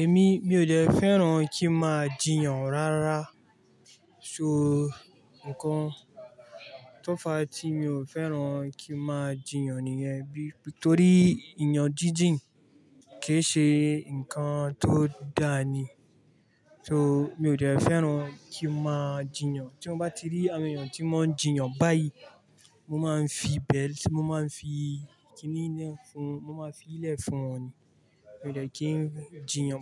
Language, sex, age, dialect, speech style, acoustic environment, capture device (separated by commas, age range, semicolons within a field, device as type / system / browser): Yoruba, male, 25-34, Nigeria - Kano, relaxed, traffic, mobile / Linux / Chrome